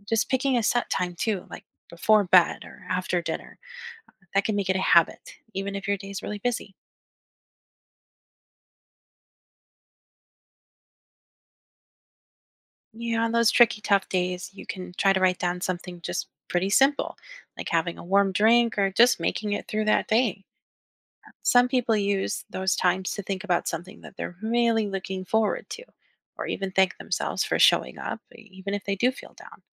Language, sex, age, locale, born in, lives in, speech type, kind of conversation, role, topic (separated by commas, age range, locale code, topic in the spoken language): English, female, 30-34, en-US, United States, United States, read, expert-assistant, expert, How does a gratitude jar increase positivity?